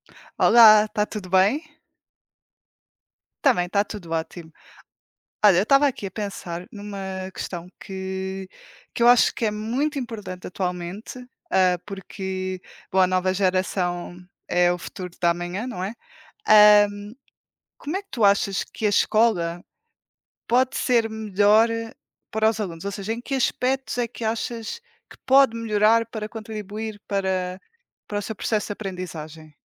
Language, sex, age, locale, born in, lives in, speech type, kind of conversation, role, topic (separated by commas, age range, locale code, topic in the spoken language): Portuguese, female, 20-24, pt-PT, Portugal, Portugal, conversation, unstructured, none, Como você acha que a escola pode melhorar para os alunos?